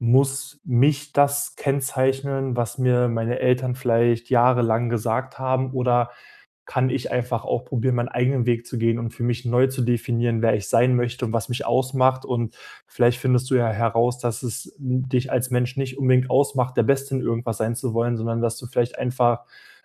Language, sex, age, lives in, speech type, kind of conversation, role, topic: German, male, 25-29, Germany, conversation, advice, advisor, Wie bleibe ich trotz Selbstzweifeln bei meiner Entscheidung?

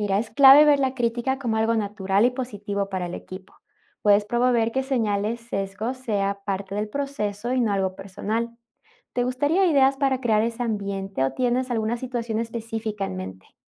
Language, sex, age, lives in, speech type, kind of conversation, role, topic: Spanish, female, 30-34, United States, read, expert-assistant, expert, ¿A qué se refiere el término 'sesgo cognitivo' y cómo nos afecta?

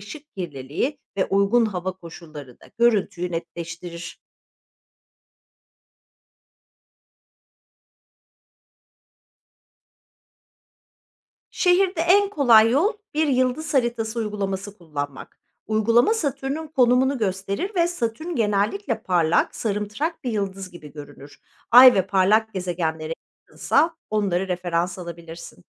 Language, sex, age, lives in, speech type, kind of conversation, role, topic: Turkish, female, 45-49, Netherlands, read, expert-assistant, expert, Uzay keşfi konusunda kendimi geliştirmek için nereden başlamalıyım?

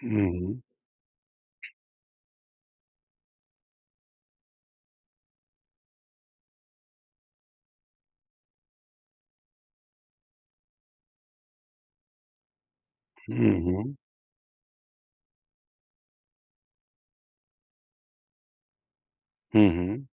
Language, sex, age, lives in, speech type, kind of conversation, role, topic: Hindi, male, 40-44, India, conversation, advice, advisor, मैं आराम-सुविधाओं पर खर्च बढ़ाते हुए भी अपनी बचत कैसे बढ़ा सकता हूँ?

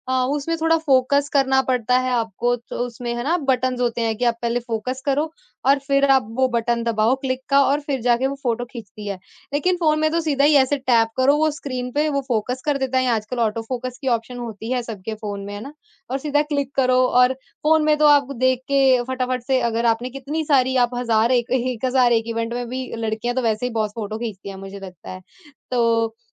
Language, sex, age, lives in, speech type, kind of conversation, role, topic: Hindi, female, 25-29, India, conversation, podcast, guest, फोटो खींचकर आप रोज़मर्रा की ख़ुशी कैसे पकड़ते हैं?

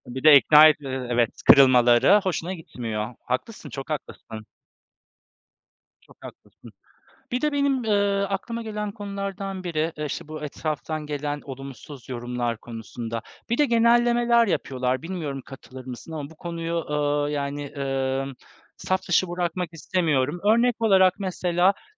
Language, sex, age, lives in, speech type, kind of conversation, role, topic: Turkish, male, 35-39, Poland, conversation, unstructured, none, Spor yaparken çevrenden gelen olumsuz yorumların üstesinden nasıl gelebilirsin?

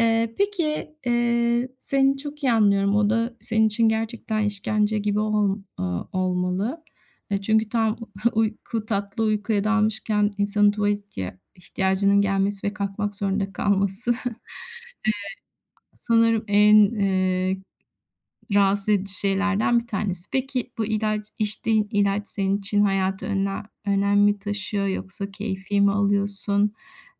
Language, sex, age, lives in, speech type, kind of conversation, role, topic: Turkish, female, 50-54, Spain, conversation, advice, advisor, Aşırı uyuyup sabahları zor uyanmanızın nedenleri neler olabilir?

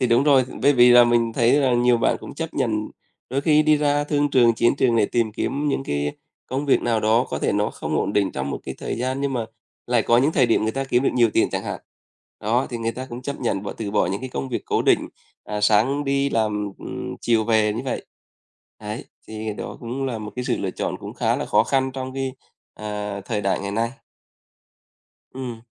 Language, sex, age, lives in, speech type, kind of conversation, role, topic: Vietnamese, male, 35-39, Vietnam, conversation, unstructured, none, Bạn nghĩ sao về việc chọn công việc chỉ vì tiền chứ không phải vì đam mê?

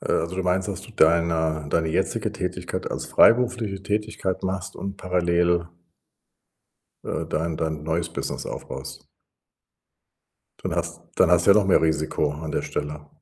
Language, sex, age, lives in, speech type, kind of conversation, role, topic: German, male, 60-64, Germany, conversation, advice, advisor, Wie soll ich zwischen einem großen Karriereschritt und meiner persönlichen Lebensqualität abwägen?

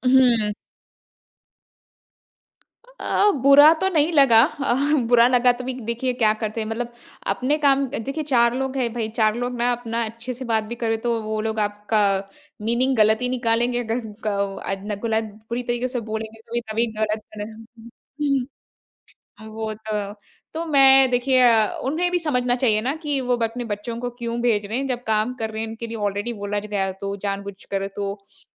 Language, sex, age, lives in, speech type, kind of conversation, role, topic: Hindi, female, 25-29, India, conversation, podcast, guest, रिमोट वर्क में आप काम और घर कैसे अलग करते हैं?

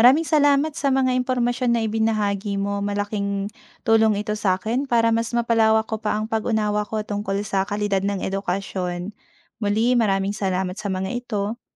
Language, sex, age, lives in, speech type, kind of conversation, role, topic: Filipino, female, 30-34, Philippines, read, expert-assistant, asker, Ano ang mga dahilan kung bakit magkakaiba ang kalidad ng edukasyon sa iba't ibang rehiyon o bansa?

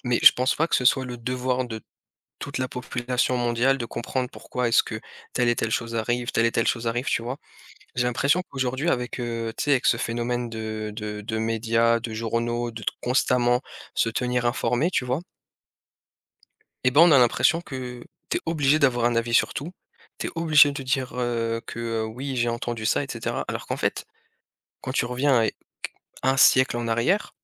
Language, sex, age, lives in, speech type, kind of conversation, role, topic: French, male, 30-34, France, conversation, unstructured, none, Quel loisir t’apporte le plus de satisfaction dans ta vie ?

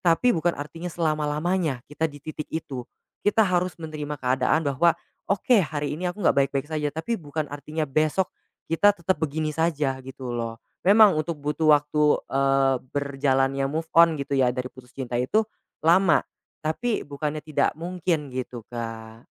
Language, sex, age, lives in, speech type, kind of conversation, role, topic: Indonesian, male, 20-24, Indonesia, conversation, podcast, guest, Apa lagu yang selalu mengingatkan kamu pada kenangan tertentu?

